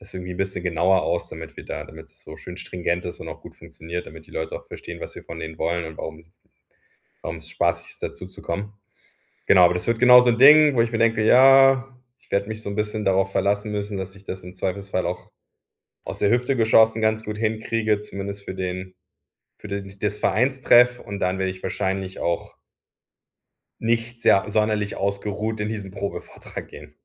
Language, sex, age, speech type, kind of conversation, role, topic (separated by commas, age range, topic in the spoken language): German, male, 40-44, conversation, advice, user, Wie fange ich am besten an, wenn ich mich von zu vielen Zielen überwältigt fühle?